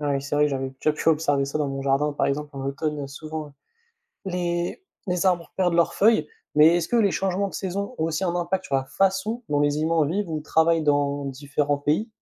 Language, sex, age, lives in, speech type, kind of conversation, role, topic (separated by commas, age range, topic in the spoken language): French, male, 20-24, France, read, expert-assistant, asker, Pourquoi les saisons existent-elles ?